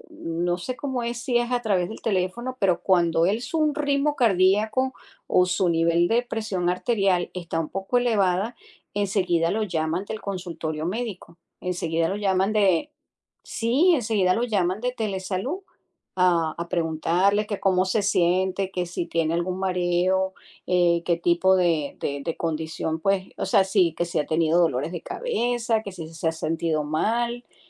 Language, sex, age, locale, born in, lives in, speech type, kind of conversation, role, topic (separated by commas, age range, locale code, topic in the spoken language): Spanish, female, 50-54, es-US, Venezuela, United States, conversation, podcast, host, ¿Crees que la tecnología facilitará el cuidado de las personas mayores?